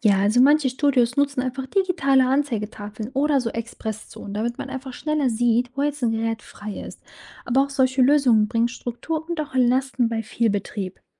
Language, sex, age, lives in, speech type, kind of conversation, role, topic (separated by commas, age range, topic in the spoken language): German, female, 25-29, Germany, read, expert-assistant, expert, Wie kann es sein, dass mein Fitnessstudio oft überfüllt ist, aber trotzdem immer wieder Sonderangebote macht?